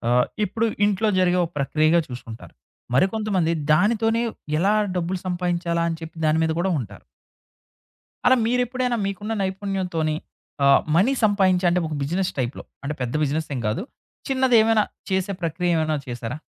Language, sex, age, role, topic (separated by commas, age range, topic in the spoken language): Telugu, male, 30-34, host, నైపుణ్యాలను పెంపొందించుకోవడానికి మీరు ఏమి సూచిస్తారు?